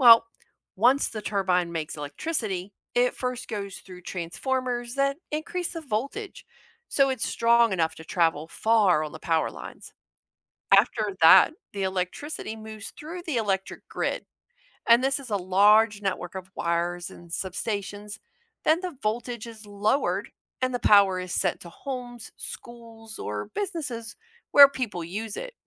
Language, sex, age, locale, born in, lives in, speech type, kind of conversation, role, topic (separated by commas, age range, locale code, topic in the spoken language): English, female, 45-49, en-US, United States, United States, read, expert-assistant, expert, How do wind turbines generate electricity?